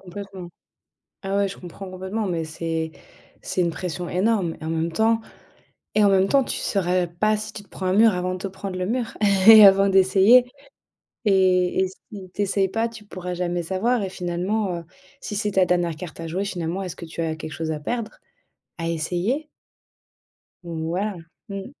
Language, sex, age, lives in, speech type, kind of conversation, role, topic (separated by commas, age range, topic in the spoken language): French, female, 30-34, France, conversation, advice, advisor, Comment puis-je mieux équilibrer ma vie personnelle avec le rythme intense d’une startup ?